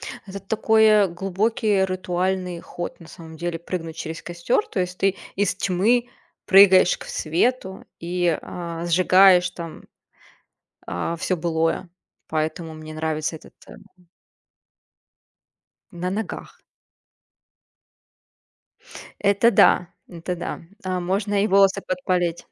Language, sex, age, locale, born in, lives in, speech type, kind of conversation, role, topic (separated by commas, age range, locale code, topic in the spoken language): Russian, female, 30-34, ru-RU, Russia, Germany, conversation, unstructured, none, Как культурные праздники объединяют людей?